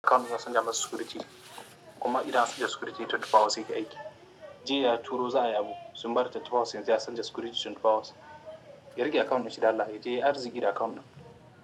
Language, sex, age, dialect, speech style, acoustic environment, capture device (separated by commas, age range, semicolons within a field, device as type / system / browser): Hausa, male, 25-34, Nigeria - Katsina, happy, silence, mobile / Linux / Chrome